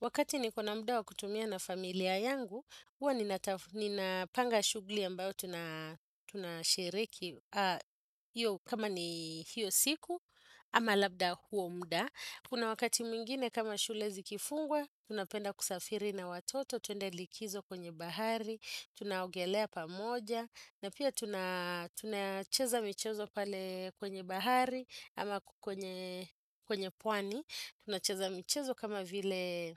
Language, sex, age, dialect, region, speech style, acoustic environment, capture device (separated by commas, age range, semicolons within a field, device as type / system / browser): Swahili, female, 35-44, Kenya - Nairobi Sheng-influenced, Kenya, happy; relaxed; focused, nature; silence; appliances, desktop / Windows / Chrome